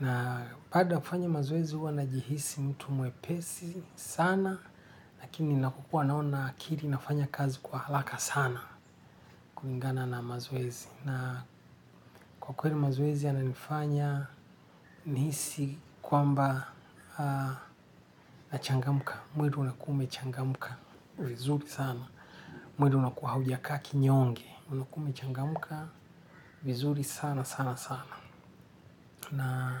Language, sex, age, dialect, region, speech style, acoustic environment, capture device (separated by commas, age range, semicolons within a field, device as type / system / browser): Swahili, male, 45-54, Kenya - Nairobi Sheng-influenced, Kenya, relaxed; happy, silence; nature, desktop / Linux / Chrome